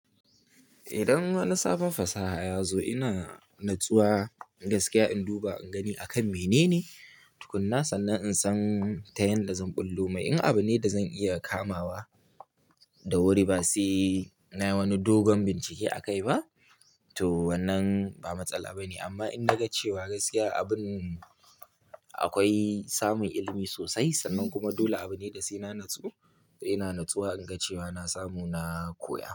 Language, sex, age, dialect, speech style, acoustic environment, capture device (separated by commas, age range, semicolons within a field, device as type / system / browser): Hausa, male, 18-24, Nigeria - Kano, happy, silence, mobile / Linux / Chrome